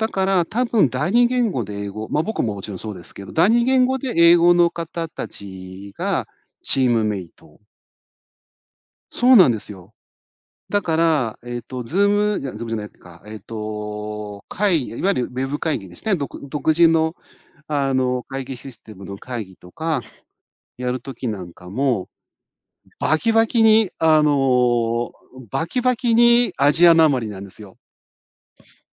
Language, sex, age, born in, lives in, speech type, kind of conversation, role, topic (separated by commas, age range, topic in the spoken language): Japanese, male, 50-54, Japan, Japan, conversation, podcast, guest, 仕事で一番やりがいを感じるのは、どんな瞬間ですか？